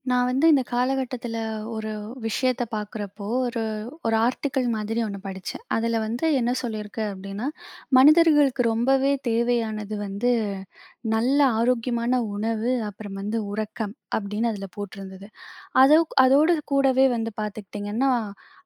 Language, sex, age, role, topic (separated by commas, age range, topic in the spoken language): Tamil, female, 20-24, host, சின்ன தூக்கம் எடுத்தபின் அது உங்களுக்கு எந்த விதத்தில் உதவுகிறது?